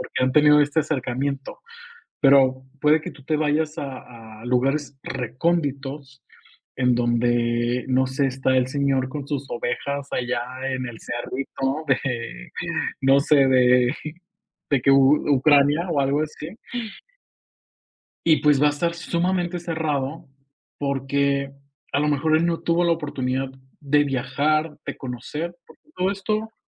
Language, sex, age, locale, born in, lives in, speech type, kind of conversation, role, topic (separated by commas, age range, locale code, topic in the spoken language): Spanish, male, 25-29, es-MX, Mexico, Mexico, conversation, podcast, guest, ¿Cómo sueles empezar una charla con gente nueva?